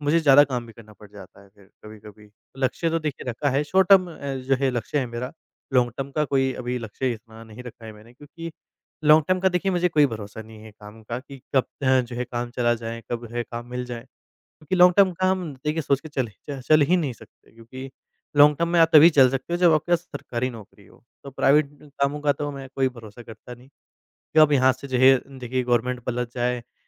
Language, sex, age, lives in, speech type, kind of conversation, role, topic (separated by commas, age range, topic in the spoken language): Hindi, male, 25-29, India, conversation, advice, user, अपने लक्ष्य के लिए आपकी प्रेरणा क्यों कम हो गई है और आपको कब आलस्य महसूस होता है?